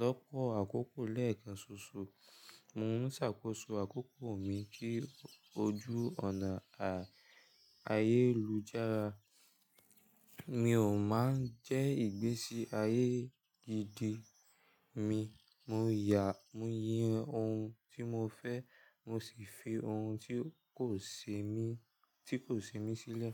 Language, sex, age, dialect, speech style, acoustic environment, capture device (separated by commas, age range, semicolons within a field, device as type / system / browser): Yoruba, male, 18-24, Nigeria - Lagos Yoruba, tired, silence; nature, mobile / Linux / Chrome